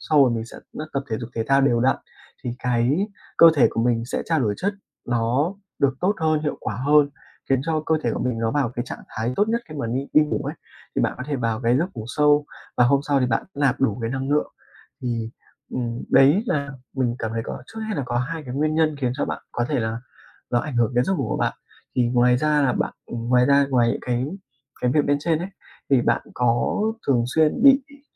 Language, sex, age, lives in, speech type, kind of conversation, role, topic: Vietnamese, male, 20-24, Vietnam, conversation, advice, advisor, Vì sao tôi mệt suốt ngày dù ban đêm ngủ đủ giờ?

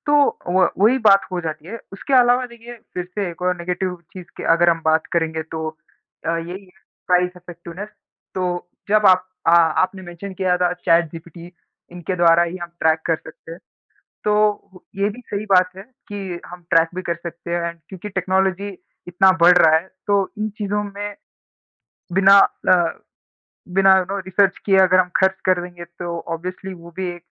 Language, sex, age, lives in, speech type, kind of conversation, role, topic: Hindi, male, 20-24, India, conversation, unstructured, none, क्या आप फिटनेस ट्रैकर के बिना रह सकते हैं?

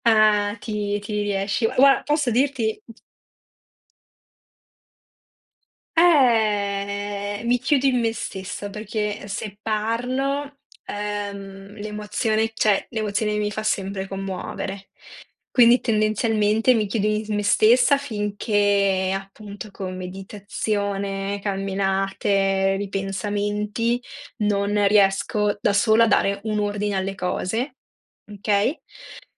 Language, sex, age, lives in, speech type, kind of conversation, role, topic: Italian, female, 30-34, Italy, conversation, unstructured, none, Qual è la cosa che ti fa arrabbiare di più nella vita quotidiana?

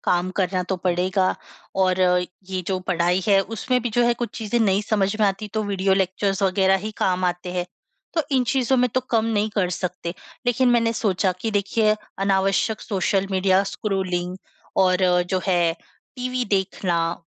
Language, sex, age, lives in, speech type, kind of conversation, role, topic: Hindi, female, 25-29, India, conversation, advice, user, मैं भोजन और मीडिया का सजग उपभोग कैसे कर सकता/सकती हूँ?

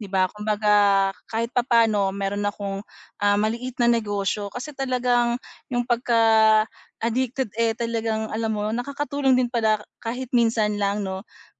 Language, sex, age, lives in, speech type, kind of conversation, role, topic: Filipino, female, 40-44, Philippines, conversation, advice, user, Paano ako magiging kuntento sa kasalukuyan kong mga pag-aari at titigil sa sobra-sobrang pagbili?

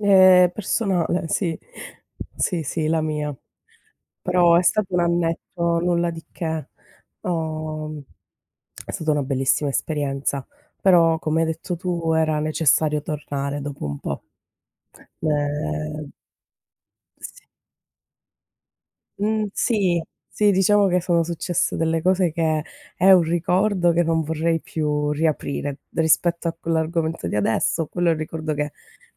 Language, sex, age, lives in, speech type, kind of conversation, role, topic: Italian, female, 30-34, Italy, conversation, unstructured, none, Quale momento del passato vorresti rivivere?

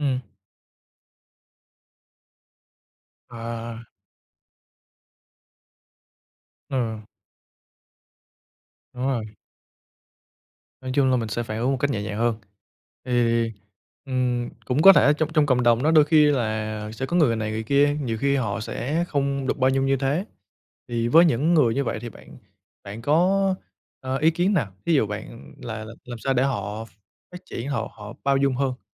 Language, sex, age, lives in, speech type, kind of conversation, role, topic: Vietnamese, male, 25-29, Vietnam, conversation, podcast, host, Theo bạn, làm thế nào để xây dựng một cộng đồng bao dung hơn?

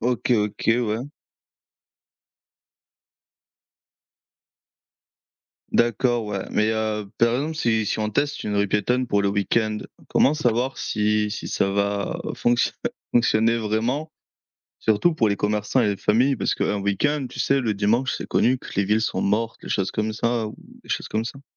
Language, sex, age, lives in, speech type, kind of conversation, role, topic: French, male, 25-29, France, read, expert-assistant, asker, Comment pourrait-on rendre les activités de plein air plus accessibles à tous, même en ville ?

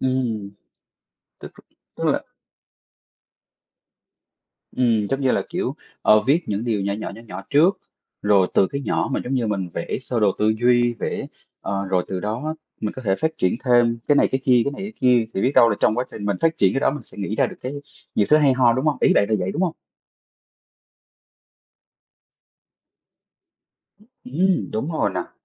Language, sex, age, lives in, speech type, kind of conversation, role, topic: Vietnamese, male, 30-34, Vietnam, conversation, advice, user, Làm thế nào để quay lại thói quen sáng tạo sau một thời gian dài nghỉ?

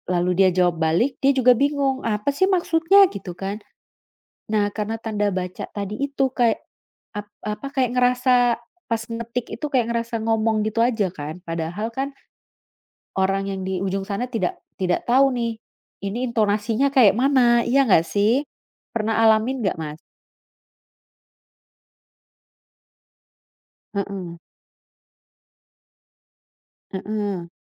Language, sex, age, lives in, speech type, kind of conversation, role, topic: Indonesian, female, 35-39, Netherlands, conversation, unstructured, none, Bagaimana teknologi mengubah cara kita berkomunikasi?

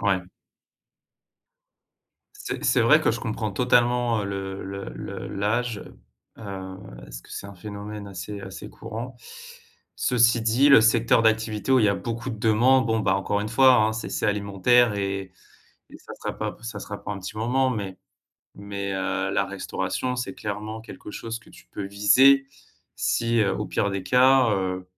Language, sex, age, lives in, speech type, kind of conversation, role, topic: French, male, 35-39, France, conversation, advice, advisor, Comment puis-je gérer mon anxiété liée à l’argent au quotidien ?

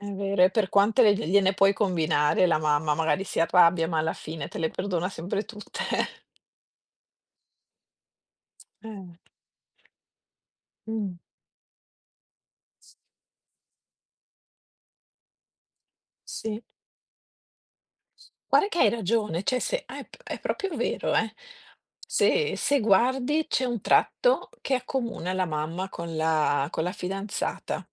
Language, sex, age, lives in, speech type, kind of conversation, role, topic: Italian, female, 50-54, Italy, conversation, unstructured, none, Qual è il modo migliore per mostrare affetto a qualcuno?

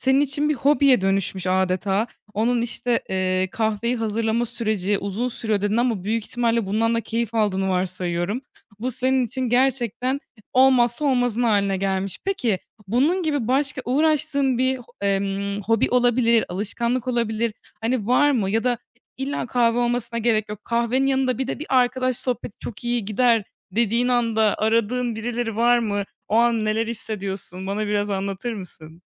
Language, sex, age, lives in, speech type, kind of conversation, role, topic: Turkish, female, 20-24, Germany, conversation, podcast, host, Akşamları rahatlamak için yaptığın basit alışkanlıklar nelerdir?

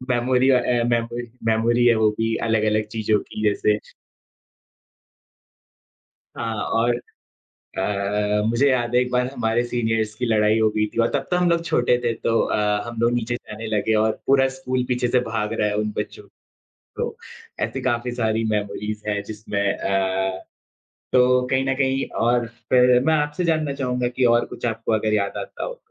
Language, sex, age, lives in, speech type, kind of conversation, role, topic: Hindi, male, 20-24, India, conversation, unstructured, none, आपका बचपन कैसा था?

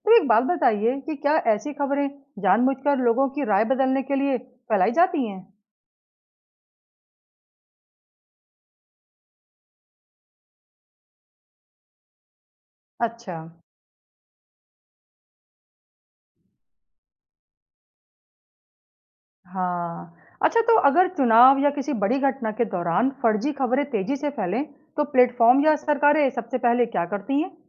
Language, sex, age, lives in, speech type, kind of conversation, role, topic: Hindi, female, 35-39, India, read, expert-assistant, asker, समाचार मीडिया में फर्जी खबरें फैलने के पीछे क्या मुख्य कारण होते हैं?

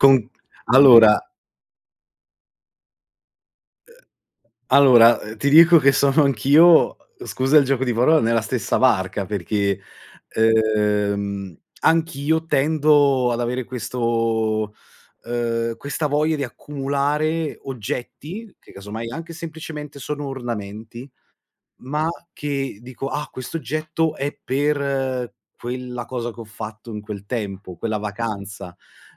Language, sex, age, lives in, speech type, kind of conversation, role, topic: Italian, male, 20-24, Italy, conversation, unstructured, none, Qual è un oggetto che ti fa tornare in mente un ricordo speciale?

